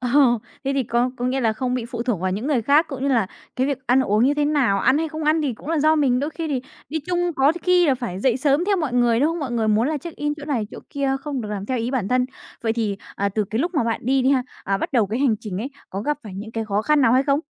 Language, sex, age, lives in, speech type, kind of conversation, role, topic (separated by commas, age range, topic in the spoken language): Vietnamese, female, 20-24, Vietnam, conversation, podcast, host, Lần đầu bạn đi một mình mang lại cho bạn cảm giác như thế nào?